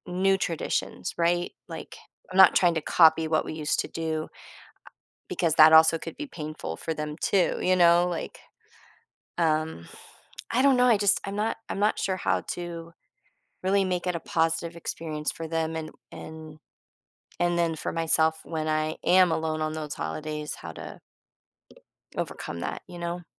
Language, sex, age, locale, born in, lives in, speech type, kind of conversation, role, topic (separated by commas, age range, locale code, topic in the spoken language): English, female, 40-44, en-US, United States, United States, conversation, advice, user, How can I cope with loneliness during holidays?